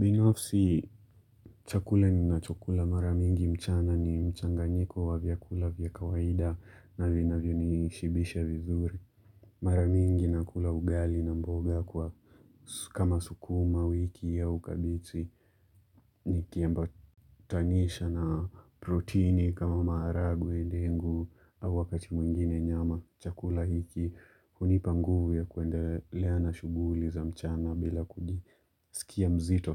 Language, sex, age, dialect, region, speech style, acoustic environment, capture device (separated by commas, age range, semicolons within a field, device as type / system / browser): Swahili, male, 25-34, Kenya - Mombasa, Kenya, relaxed, silence, mobile / Linux / Chrome